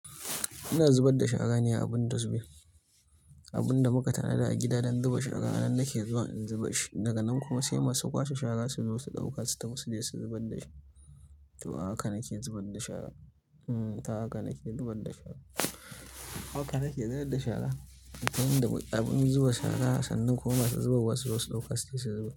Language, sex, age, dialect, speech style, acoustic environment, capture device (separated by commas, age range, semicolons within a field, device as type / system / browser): Hausa, male, 25-34, Nigeria - Kano, stressed, silence, mobile / Linux / Chrome